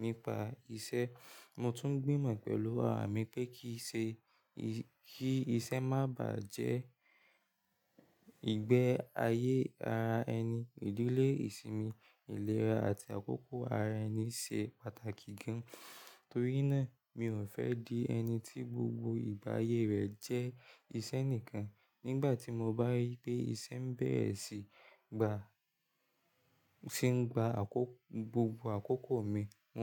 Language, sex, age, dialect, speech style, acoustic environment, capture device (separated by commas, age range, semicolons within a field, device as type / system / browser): Yoruba, male, 18-24, Nigeria - Lagos Yoruba, relaxed, nature; silence, mobile / Linux / Chrome